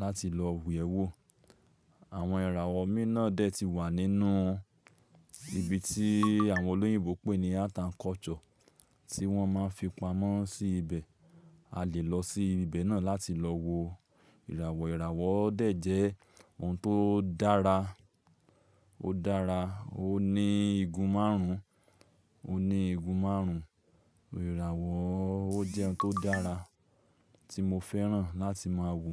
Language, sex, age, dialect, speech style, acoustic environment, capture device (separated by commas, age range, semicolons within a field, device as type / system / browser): Yoruba, male, 25-34, Nigeria - Lagos Yoruba, focused, silence, mobile / Linux / Chrome